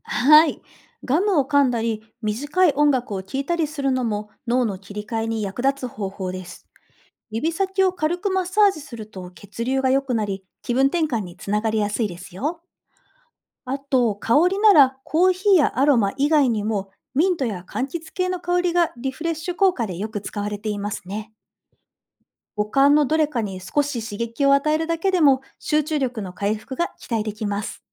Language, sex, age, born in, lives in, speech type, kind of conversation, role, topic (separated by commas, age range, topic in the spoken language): Japanese, female, 40-44, Japan, Japan, read, expert-assistant, expert, 新しい知識やスキルを効率よく覚えるにはどうすればよいですか？